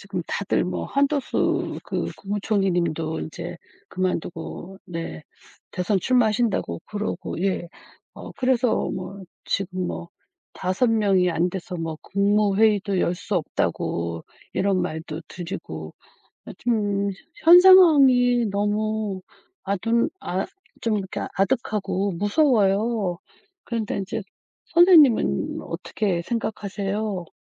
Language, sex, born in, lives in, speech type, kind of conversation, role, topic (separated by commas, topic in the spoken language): Korean, female, South Korea, South Korea, conversation, unstructured, none, 정치는 우리 일상에 어떤 영향을 미칠까요?